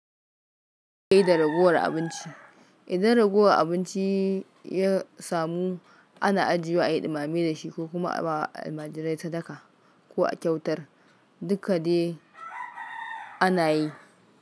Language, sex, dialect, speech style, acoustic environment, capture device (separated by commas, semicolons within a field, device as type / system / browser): Hausa, female, Nigeria - Kano, focused, nature, mobile / Linux / Chrome